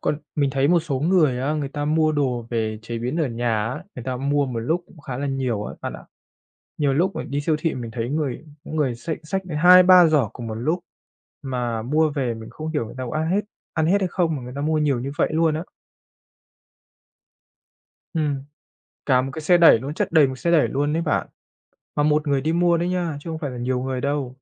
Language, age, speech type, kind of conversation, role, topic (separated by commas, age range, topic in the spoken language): Vietnamese, 30-34, conversation, unstructured, none, Bạn có cho rằng lãng phí thức ăn là một vấn đề nghiêm trọng không?